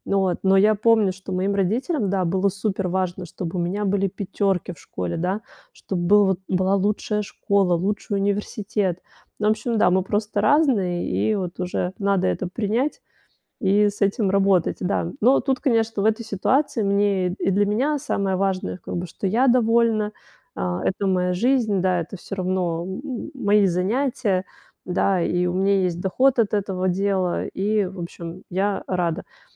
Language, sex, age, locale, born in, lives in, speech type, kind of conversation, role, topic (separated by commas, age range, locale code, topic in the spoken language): Russian, female, 35-39, ru-RU, Russia, Italy, conversation, advice, user, Как справиться со страхом разочаровать родителей своим выбором профессии?